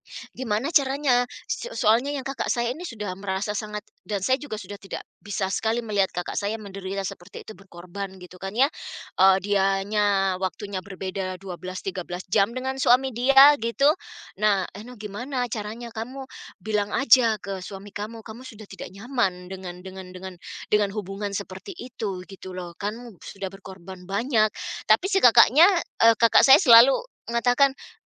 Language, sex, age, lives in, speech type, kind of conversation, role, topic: Indonesian, female, 45-49, United States, conversation, unstructured, none, Apa makna kejujuran dalam kehidupan sehari-hari Anda?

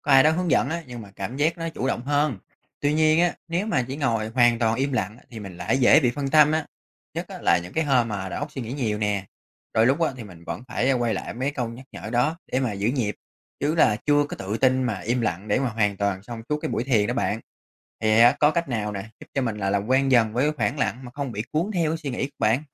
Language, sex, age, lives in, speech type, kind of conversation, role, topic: Vietnamese, male, 30-34, Vietnam, read, expert-assistant, asker, Thiền chánh niệm ngắn hàng ngày có thực sự giúp giảm căng thẳng và ngủ ngon không?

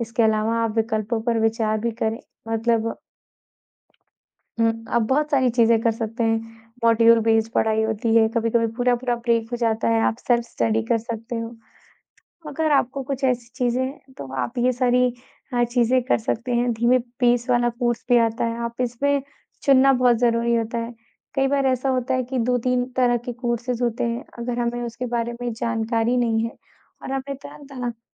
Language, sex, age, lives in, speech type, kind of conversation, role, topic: Hindi, female, 20-24, India, conversation, advice, advisor, आपने पढ़ाई या ऑनलाइन पाठ्यक्रम बीच में क्यों छोड़ दिया?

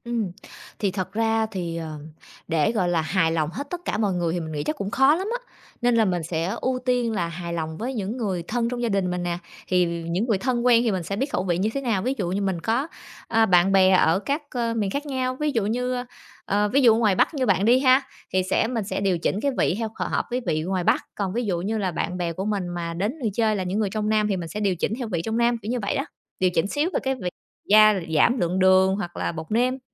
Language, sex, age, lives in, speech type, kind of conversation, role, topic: Vietnamese, female, 30-34, Vietnam, conversation, podcast, guest, Làm sao bạn truyền lại công thức cho thế hệ sau?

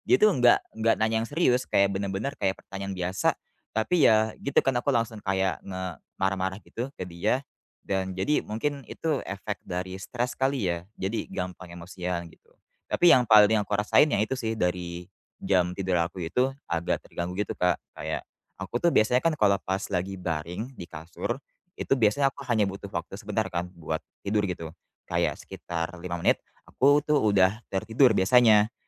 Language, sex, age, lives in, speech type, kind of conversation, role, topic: Indonesian, male, 20-24, Indonesia, conversation, advice, user, Mengapa kamu merasa bersalah saat mengambil cuti atau beristirahat?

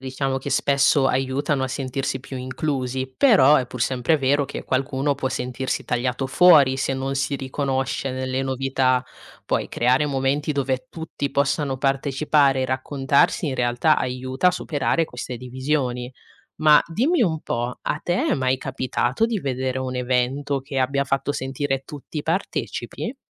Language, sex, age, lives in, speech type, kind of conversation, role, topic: Italian, female, 20-24, Italy, read, expert-assistant, expert, Quali sono i pro e i contro della globalizzazione rispetto alla diversità culturale?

